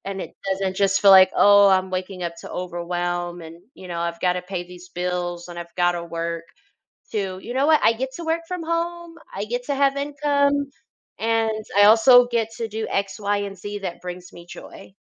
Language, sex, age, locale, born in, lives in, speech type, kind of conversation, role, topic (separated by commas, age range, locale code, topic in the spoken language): English, female, 30-34, en-US, United States, United States, conversation, advice, advisor, How can I find motivation to get out of bed without feeling guilty?